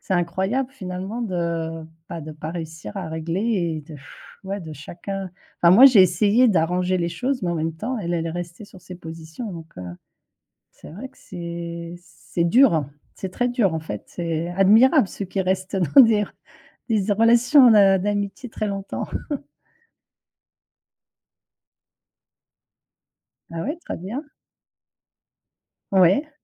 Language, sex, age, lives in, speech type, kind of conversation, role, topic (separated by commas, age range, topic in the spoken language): French, female, 50-54, France, conversation, unstructured, none, Comment réagis-tu face à un conflit avec un ami proche ?